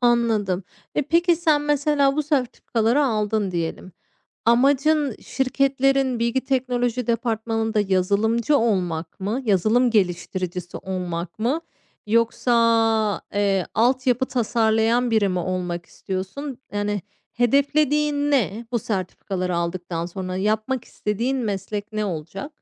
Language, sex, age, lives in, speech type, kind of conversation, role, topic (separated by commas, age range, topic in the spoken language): Turkish, female, 35-39, Spain, conversation, podcast, host, Kaynak seçerken nelere dikkat edersin?